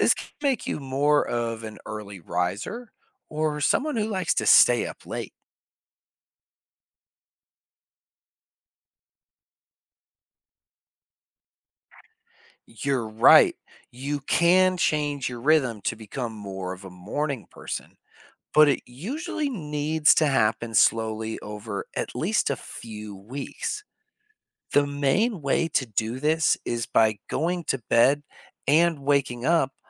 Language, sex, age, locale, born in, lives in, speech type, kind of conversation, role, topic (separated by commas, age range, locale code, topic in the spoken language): English, male, 30-34, en-US, United States, United States, read, expert-assistant, expert, Why do some people find it easier to wake up early in the morning?